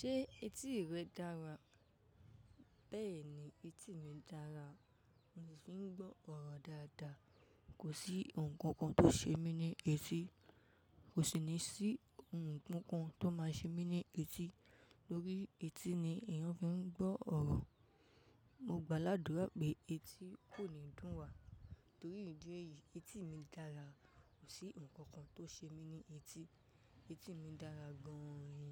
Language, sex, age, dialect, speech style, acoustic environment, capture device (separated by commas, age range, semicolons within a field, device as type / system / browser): Yoruba, female, 18-24, Nigeria - Ibadan, nervous, people talking, mobile / macOS / Safari